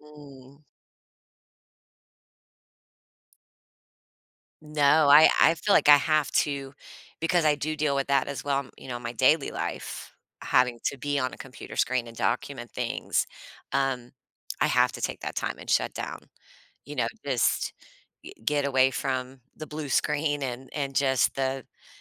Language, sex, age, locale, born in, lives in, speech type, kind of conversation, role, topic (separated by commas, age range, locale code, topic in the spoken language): English, female, 45-49, en-US, United States, United States, conversation, podcast, guest, How do your evening routines help you unwind and recharge?